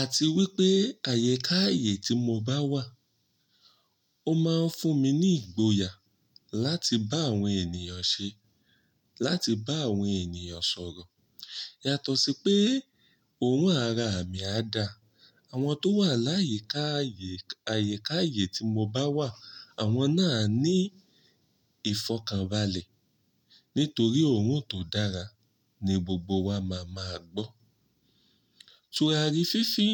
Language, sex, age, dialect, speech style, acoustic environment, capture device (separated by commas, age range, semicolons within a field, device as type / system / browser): Yoruba, male, 35-44, Nigeria - Ibadan, happy, nature, mobile / Linux / Chrome